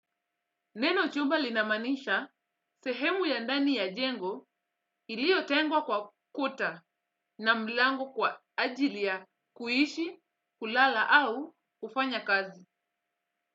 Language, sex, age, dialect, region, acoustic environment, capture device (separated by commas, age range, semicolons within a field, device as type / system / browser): Swahili, female, 25-34, Kenya - Mombasa, Kenya, silence, desktop / Windows / Edge